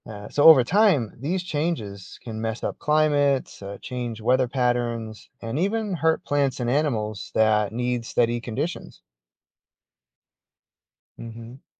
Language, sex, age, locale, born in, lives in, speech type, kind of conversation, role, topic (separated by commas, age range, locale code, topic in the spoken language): English, male, 45-49, en-US, United States, United States, read, expert-assistant, expert, What is the carbon cycle and why is it important for life?